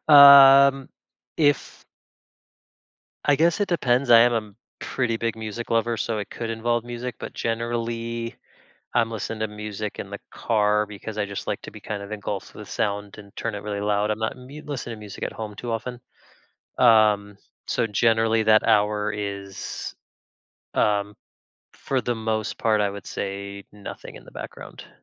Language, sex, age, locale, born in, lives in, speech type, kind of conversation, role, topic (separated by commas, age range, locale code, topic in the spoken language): English, male, 35-39, en-US, United States, United States, conversation, advice, user, How can I enjoy quiet time alone?